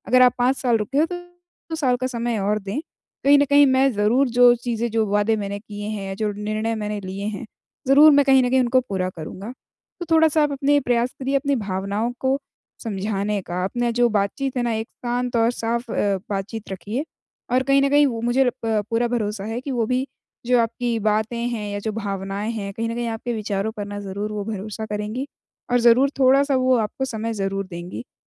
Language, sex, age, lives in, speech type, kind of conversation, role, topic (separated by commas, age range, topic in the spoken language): Hindi, female, 20-24, India, conversation, advice, advisor, मैं रिश्ते में लिए गए निर्णय को कैसे बदल या समायोजित कर सकता/सकती हूँ?